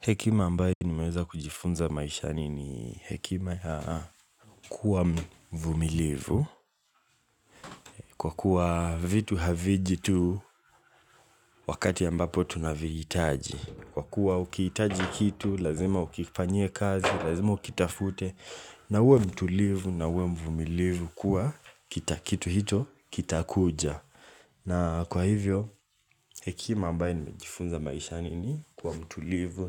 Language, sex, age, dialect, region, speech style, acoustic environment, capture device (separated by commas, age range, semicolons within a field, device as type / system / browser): Swahili, male, 18-24, Kenya - Mombasa, Kenya, relaxed, nature, mobile / Linux / Chrome